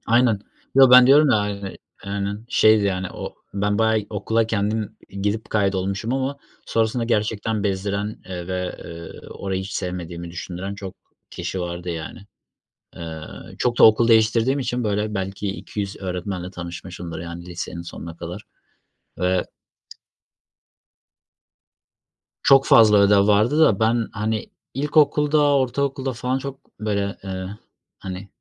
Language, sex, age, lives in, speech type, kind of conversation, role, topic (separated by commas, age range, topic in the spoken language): Turkish, male, 25-29, Germany, conversation, unstructured, none, İlkokul günlerini hatırladığında aklına gelen ilk şey nedir?